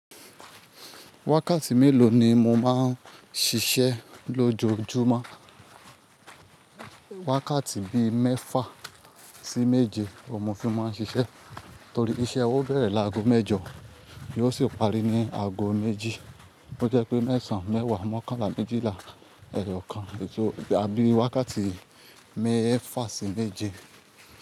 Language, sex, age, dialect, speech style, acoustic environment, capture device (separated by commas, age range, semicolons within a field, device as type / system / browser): Yoruba, male, 25-34, Nigeria - Ibadan, focused, nature, mobile / Linux / Chrome